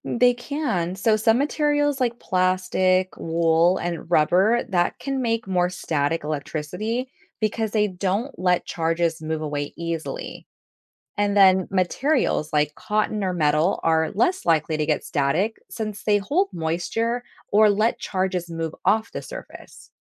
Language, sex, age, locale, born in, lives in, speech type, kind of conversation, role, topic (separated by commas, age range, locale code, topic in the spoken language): English, female, 35-39, en-US, United States, United States, read, expert-assistant, expert, What is static electricity, and how does it happen?